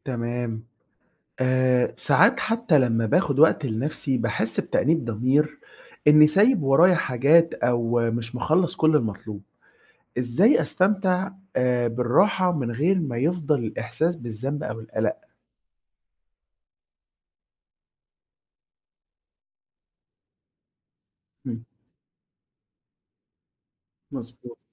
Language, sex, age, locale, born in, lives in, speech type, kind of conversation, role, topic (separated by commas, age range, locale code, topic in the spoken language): Arabic, male, 20-24, ar-EG, Egypt, Egypt, read, expert-assistant, asker, إزاي أقدر أنظم يومي بحيث أخصص وقت ثابت للاسترخاء وتقليل التوتر وسط ضغط الشغل والحياة؟